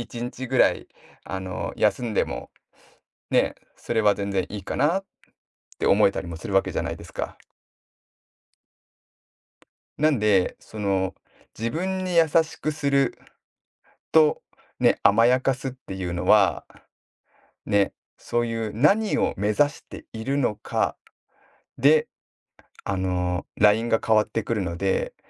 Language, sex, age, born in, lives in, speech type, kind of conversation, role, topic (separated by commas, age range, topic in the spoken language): Japanese, male, 40-44, Japan, Japan, conversation, podcast, guest, 自分に優しくするとは、具体的にどういうことなのでしょうか？